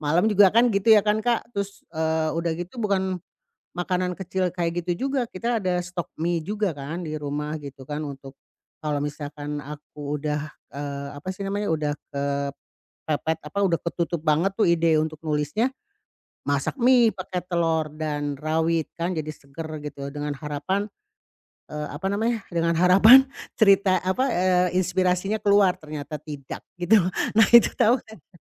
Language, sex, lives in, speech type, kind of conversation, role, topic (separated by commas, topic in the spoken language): Indonesian, female, Indonesia, conversation, advice, user, Bagaimana cara mengendalikan keinginan untuk ngemil terus sepanjang hari?